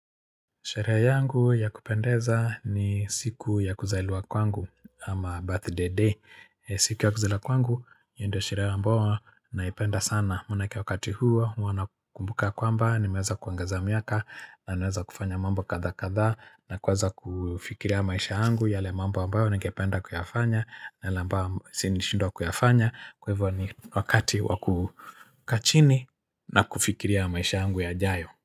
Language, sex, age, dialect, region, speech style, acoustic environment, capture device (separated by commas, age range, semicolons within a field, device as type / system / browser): Swahili, male, 45-54, Kenya - Nairobi Sheng-influenced, Kenya, happy; excited; focused; relaxed, nature; people talking; music, mobile / Linux / Chrome